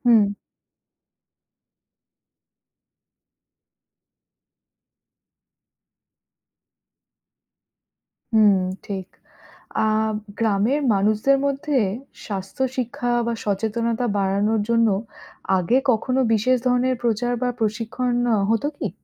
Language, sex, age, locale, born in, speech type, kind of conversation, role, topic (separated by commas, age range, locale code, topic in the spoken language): Bengali, female, 30-34, bn-IN, India, read, expert-assistant, asker, বাংলাদেশের গ্রামীণ চিকিৎসা ব্যবস্থার ইতিহাস